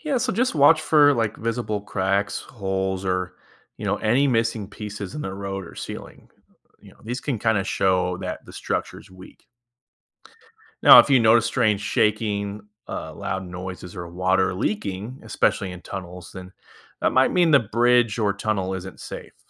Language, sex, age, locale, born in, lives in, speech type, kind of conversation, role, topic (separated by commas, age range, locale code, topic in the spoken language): English, male, 30-34, en-US, United States, United States, read, expert-assistant, expert, How do bridges and tunnels improve transportation?